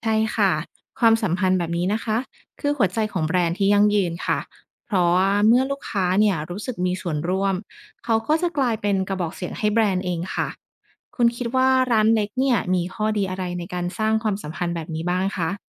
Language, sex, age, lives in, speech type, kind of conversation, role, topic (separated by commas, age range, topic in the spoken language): Thai, female, 30-34, Thailand, read, expert-assistant, expert, มีอะไรในชีวิตจริงที่เหมือนกับการสร้างแบรนด์สินค้าไหม? ช่วยยกตัวอย่างให้เห็นภาพหน่อย